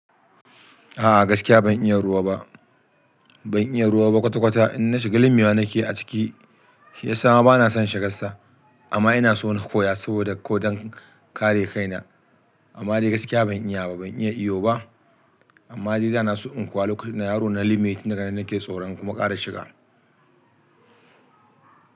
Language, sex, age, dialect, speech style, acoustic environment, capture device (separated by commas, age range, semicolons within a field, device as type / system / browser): Hausa, male, 35-44, Nigeria - Kano, happy, nature; music; people talking, desktop / Linux / Chrome